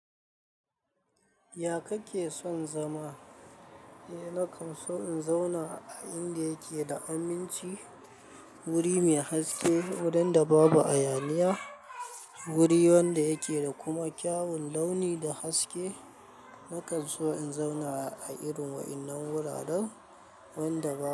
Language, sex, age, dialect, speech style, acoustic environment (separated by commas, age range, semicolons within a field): Hausa, male, 25-34, Nigeria - Kano, happy; anxious, people talking; nature